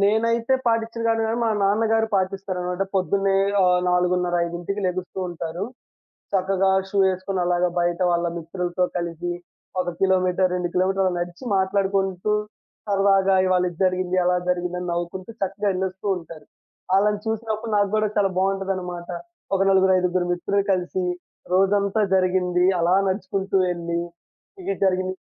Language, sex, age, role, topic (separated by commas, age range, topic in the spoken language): Telugu, male, 20-24, guest, పనిలో ఏకాగ్రత పెంచుకోవడానికి మీరు పాటించే చిన్న రోజువారీ అలవాటు ఏమిటి?